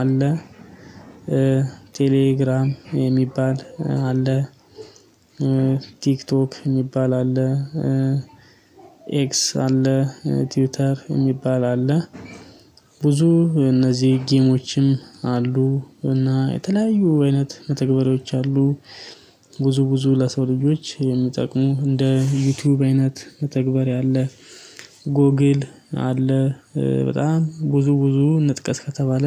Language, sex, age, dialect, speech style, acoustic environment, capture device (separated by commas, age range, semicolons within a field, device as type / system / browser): Amharic, male, 25-34, Ethiopia - Addis Ababa, excited; happy, silence, mobile / Linux / Chrome